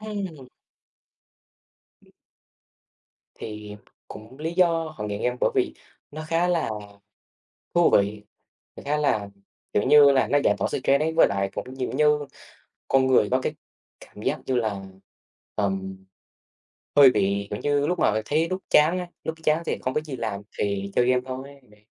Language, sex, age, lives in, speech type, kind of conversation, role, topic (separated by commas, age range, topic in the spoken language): Vietnamese, male, 18-19, Vietnam, conversation, unstructured, none, Bạn có nghĩ rằng việc chơi game có thể gây nghiện không?